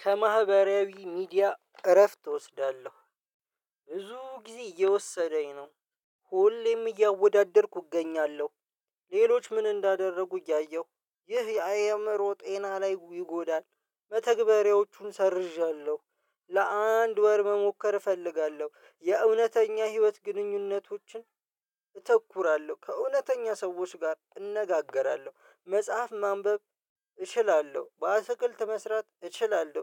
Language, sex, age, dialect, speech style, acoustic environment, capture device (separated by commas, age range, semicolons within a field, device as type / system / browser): Amharic, male, 25-34, Ethiopia - Addis Ababa, happy; relaxed, silence; nature, mobile / Linux / Chrome